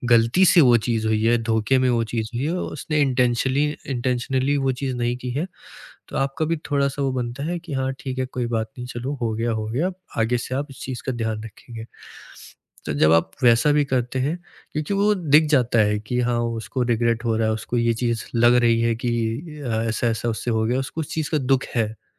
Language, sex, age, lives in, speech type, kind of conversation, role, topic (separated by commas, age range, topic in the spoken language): Hindi, male, 20-24, India, conversation, podcast, guest, Maafi maangne mein sirf alfaaz nahi, actions bhi kitne zaroori hote hain—tum isko kitni importance dete ho?